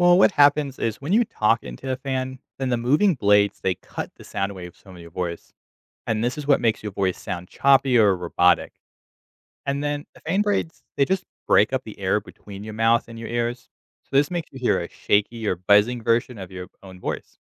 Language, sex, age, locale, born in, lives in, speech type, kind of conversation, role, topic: English, male, 25-29, en-US, United States, United States, read, expert-assistant, expert, Why does your voice sound strange when talking into a fan?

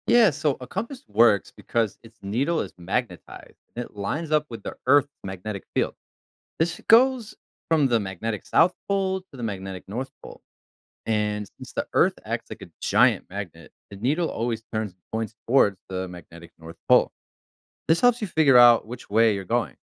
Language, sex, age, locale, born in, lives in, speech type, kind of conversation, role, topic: English, male, 30-34, en-US, United States, United States, read, expert-assistant, expert, How do compasses work and what affects their accuracy?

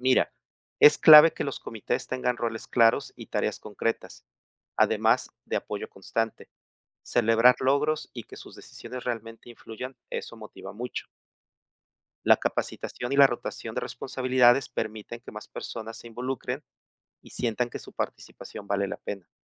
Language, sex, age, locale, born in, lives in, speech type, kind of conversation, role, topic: Spanish, male, 55-59, es-MX, Mexico, Mexico, read, expert-assistant, expert, ¿Qué es más efectivo para reducir la pobreza, invertir en educación o en infraestructura?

